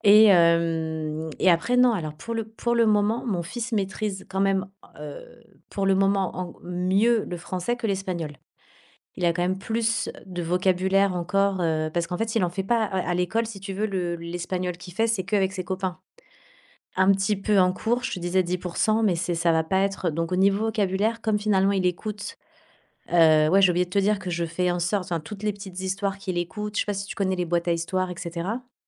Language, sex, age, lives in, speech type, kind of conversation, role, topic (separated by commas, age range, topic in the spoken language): French, female, 40-44, Spain, conversation, podcast, guest, Comment comptes-tu transmettre concrètement ta langue à la génération suivante ?